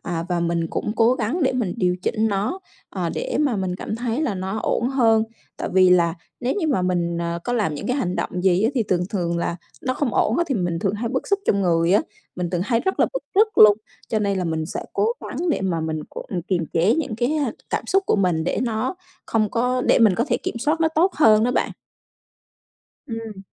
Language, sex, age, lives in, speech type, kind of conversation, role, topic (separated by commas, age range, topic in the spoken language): Vietnamese, female, 35-39, Vietnam, conversation, podcast, guest, Bạn giữ cân bằng giữa công việc và cuộc sống như thế nào?